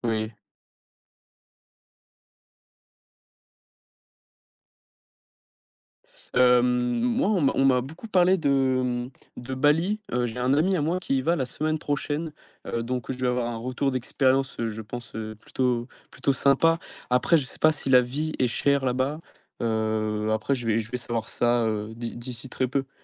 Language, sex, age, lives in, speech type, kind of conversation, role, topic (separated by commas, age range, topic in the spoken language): French, male, 18-19, France, conversation, podcast, guest, Qu’est-ce qui compte le plus pour toi dans la vie ?